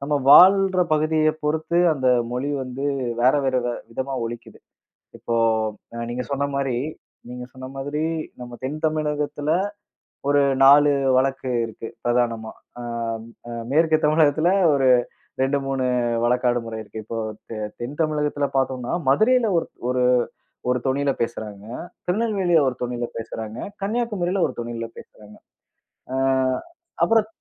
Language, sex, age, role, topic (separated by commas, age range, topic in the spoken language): Tamil, male, 30-34, guest, நீங்கள் வளர்ந்த ஊரின் தாக்கம் உங்கள் பேச்சில் எப்படி தெரிகிறது?